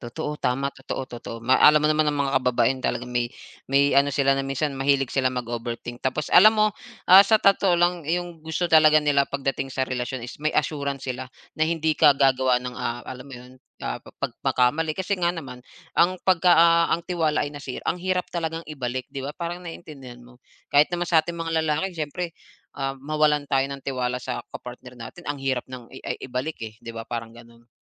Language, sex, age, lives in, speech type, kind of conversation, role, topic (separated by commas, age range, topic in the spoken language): Filipino, male, 35-39, Philippines, conversation, unstructured, none, Ano ang pinakamahalagang gawin para hindi masira ang isang relasyon dahil sa mga away?